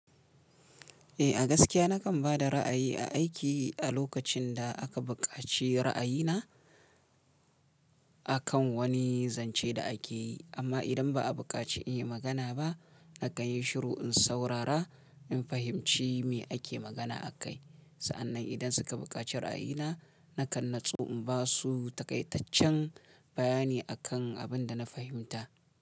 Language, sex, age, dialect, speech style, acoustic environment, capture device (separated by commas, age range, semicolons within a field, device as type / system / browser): Hausa, male, 35-44, Nigeria - Kano, relaxed; excited; happy, nature; silence, mobile / Linux / Chrome